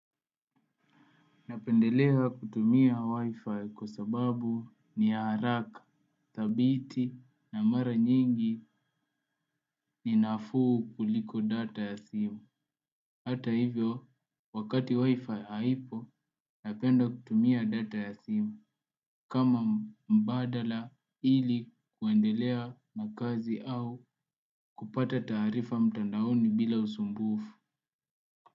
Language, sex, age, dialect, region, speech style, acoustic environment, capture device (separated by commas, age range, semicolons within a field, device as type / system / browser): Swahili, male, 18-24, Kenya - Nairobi Sheng-influenced, Kenya, focused, appliances, desktop / Windows / Chrome